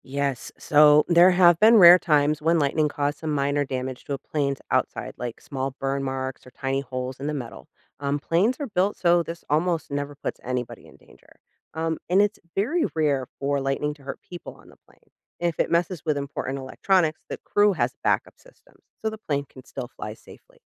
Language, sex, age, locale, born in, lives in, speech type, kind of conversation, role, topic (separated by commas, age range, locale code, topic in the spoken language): English, female, 40-44, en-US, United States, United States, read, expert-assistant, expert, What causes lightning during thunderstorms?